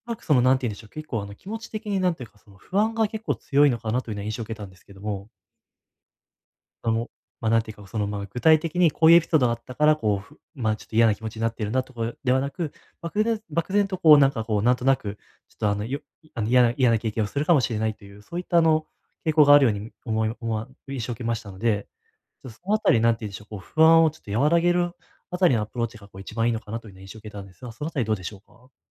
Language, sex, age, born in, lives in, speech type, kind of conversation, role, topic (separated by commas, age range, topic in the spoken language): Japanese, male, 20-24, Japan, Japan, conversation, advice, advisor, どうすれば初対面や初デートで会話を自然に続けられますか？